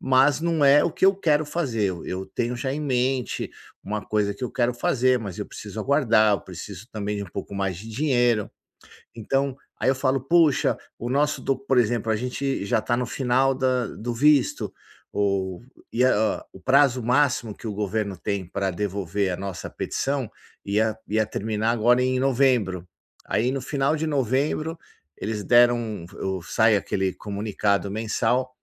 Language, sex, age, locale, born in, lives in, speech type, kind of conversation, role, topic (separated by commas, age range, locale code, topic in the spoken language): Portuguese, male, 50-54, pt-BR, Brazil, United States, conversation, advice, user, Como posso lidar com a ansiedade causada pela incerteza?